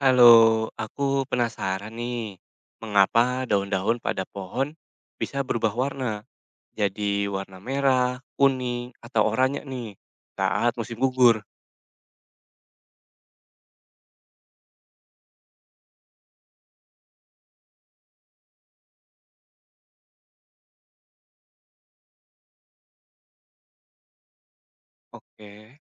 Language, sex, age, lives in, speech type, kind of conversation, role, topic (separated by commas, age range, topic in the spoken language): Indonesian, male, 40-44, Indonesia, read, expert-assistant, asker, Mengapa daun berubah warna saat musim gugur?